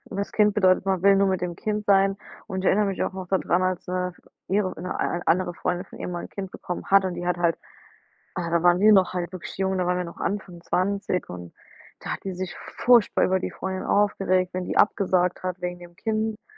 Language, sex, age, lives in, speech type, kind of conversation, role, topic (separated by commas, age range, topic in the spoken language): German, female, 25-29, United States, conversation, unstructured, none, Wie gehst du damit um, wenn sich Freundschaften verändern?